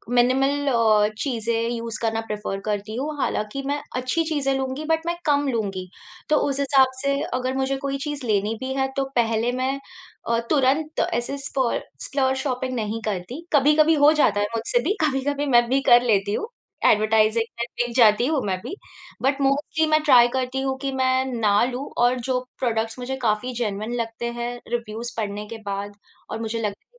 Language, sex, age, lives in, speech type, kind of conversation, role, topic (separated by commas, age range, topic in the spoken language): Hindi, female, 30-34, India, conversation, podcast, guest, Instagram aur reels tumhare style choices ko kaise shape karti hain?